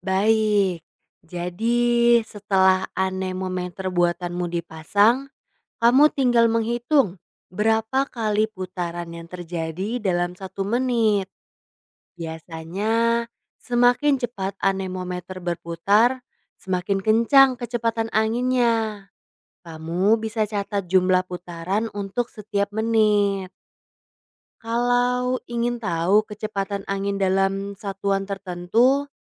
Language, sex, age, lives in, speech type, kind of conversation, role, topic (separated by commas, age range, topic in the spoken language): Indonesian, female, 20-24, Indonesia, read, expert-assistant, expert, Apa yang menyebabkan angin bertiup di bumi?